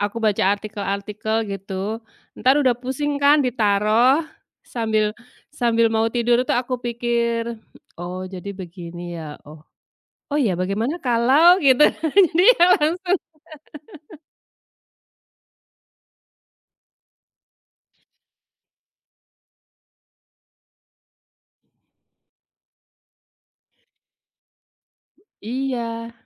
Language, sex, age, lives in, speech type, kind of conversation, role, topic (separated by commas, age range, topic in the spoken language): Indonesian, female, 45-49, Indonesia, conversation, unstructured, none, Apa yang benar-benar membuat kamu frustrasi saat belajar hobi baru?